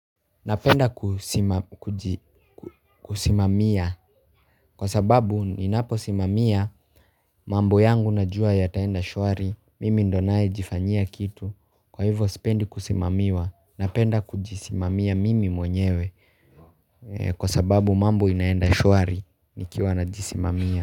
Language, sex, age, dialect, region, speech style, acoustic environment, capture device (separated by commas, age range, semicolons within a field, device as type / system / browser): Swahili, male, 18-24, Kenya - Nairobi Sheng-influenced, Kenya, happy, people talking; traffic, mobile / Linux / Chrome